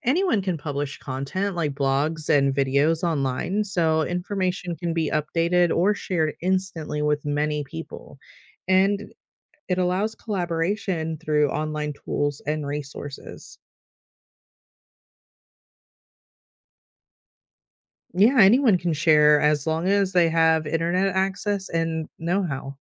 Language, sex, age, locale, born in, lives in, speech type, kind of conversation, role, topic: English, female, 35-39, en-US, United States, United States, read, expert-assistant, expert, What is the significance of the World Wide Web?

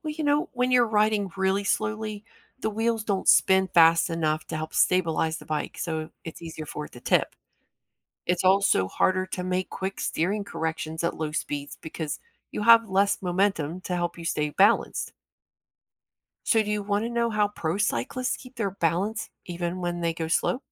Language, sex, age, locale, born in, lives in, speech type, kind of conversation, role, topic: English, female, 45-49, en-US, United States, United States, read, expert-assistant, expert, How does a bicycle stay balanced while moving?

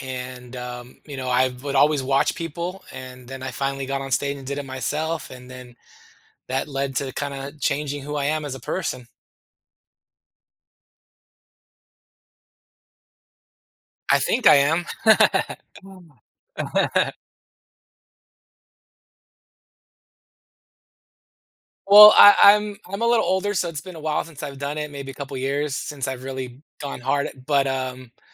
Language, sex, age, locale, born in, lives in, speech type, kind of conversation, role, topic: English, male, 40-44, en-US, United States, United States, conversation, podcast, guest, How have difficult experiences helped shape who you are today?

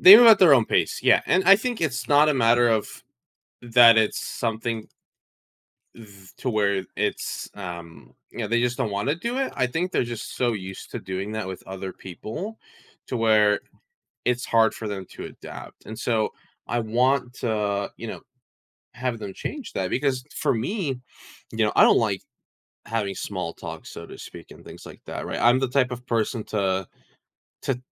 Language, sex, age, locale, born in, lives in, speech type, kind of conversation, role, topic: English, male, 20-24, en-US, United States, United States, conversation, advice, user, How can I start deeper conversations?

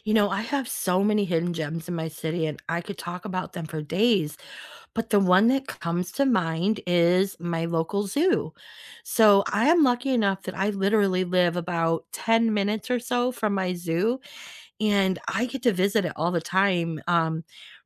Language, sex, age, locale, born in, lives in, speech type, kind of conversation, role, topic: English, female, 50-54, en-US, United States, United States, conversation, unstructured, none, What hidden gems in your city do you always recommend to visitors?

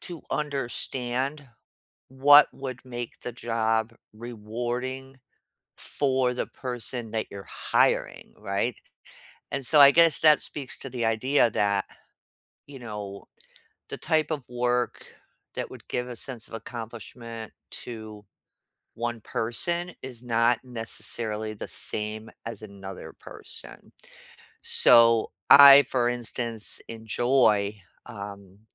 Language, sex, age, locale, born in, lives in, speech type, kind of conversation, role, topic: English, female, 55-59, en-US, United States, United States, conversation, unstructured, none, What makes a job feel truly rewarding to you?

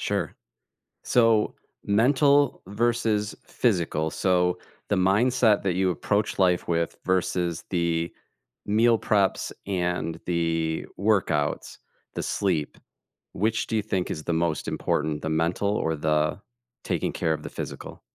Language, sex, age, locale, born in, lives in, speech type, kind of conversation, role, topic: English, male, 45-49, en-US, United States, United States, conversation, podcast, host, What everyday routines make it easier to handle stress in your life?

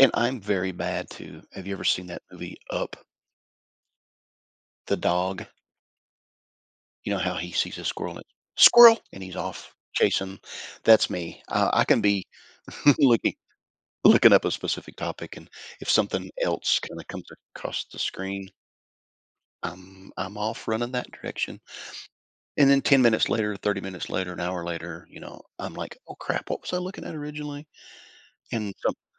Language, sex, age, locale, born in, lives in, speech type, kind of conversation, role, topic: English, male, 55-59, en-US, United States, United States, conversation, podcast, guest, How have smartphones changed the way we approach learning and working in everyday life?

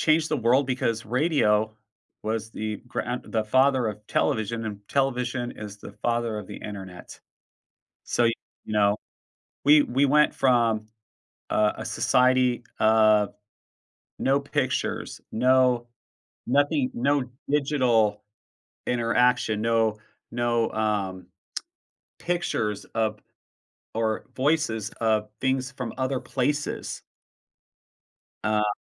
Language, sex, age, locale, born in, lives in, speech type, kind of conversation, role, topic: English, male, 45-49, en-US, United States, United States, conversation, podcast, guest, How have major inventions shaped the way we live today?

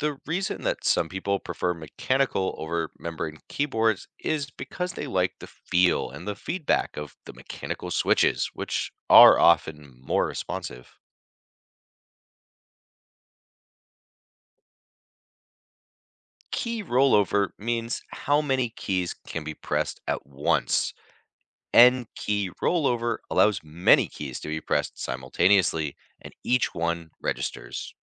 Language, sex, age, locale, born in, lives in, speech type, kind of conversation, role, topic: English, male, 35-39, en-US, United States, United States, read, expert-assistant, expert, How does a mechanical keyboard register keystrokes?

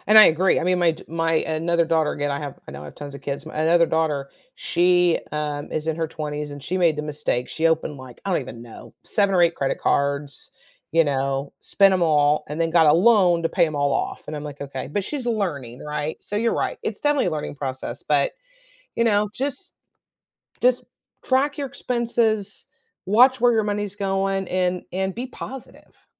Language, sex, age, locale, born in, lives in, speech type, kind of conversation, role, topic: English, female, 50-54, en-US, United States, United States, conversation, podcast, guest, What are some realistic ways to improve financial stability when money is tight?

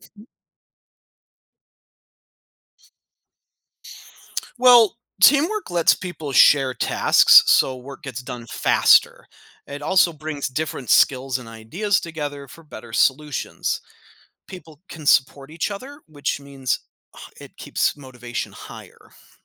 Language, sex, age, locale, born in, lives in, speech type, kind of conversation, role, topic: English, male, 35-39, en-US, United States, United States, read, expert-assistant, expert, How does teamwork improve workplace productivity?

